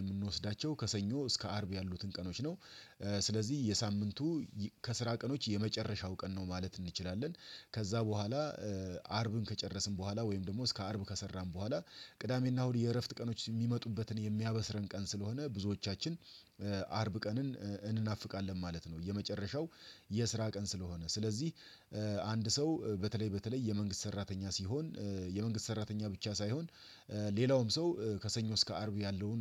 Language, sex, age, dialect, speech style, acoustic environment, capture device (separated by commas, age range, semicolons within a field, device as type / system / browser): Amharic, male, 35-44, Ethiopia - Addis Ababa, relaxed; excited, nature; silence, desktop / Windows / Chrome